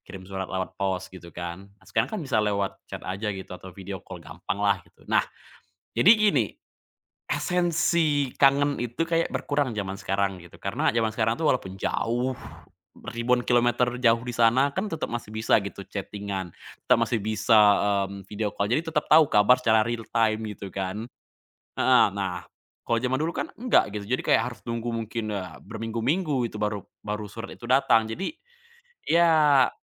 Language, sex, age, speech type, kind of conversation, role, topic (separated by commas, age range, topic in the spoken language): Indonesian, male, 20-24, conversation, podcast, guest, Bagaimana cara menjaga komunikasi yang baik antaranggota keluarga?